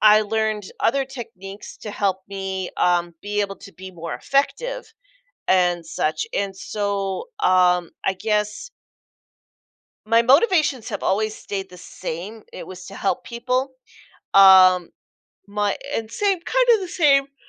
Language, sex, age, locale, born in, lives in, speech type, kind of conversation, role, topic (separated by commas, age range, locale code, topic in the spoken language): English, female, 45-49, en-US, United States, United States, conversation, podcast, guest, What experiences or influences have shaped your career journey?